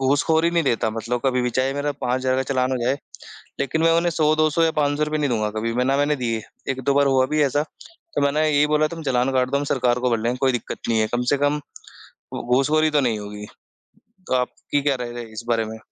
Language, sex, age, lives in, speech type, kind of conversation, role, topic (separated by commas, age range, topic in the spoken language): Hindi, male, 25-29, India, conversation, unstructured, none, राजनीति में भ्रष्टाचार के कारण आम लोगों को क्या नुकसान होता है?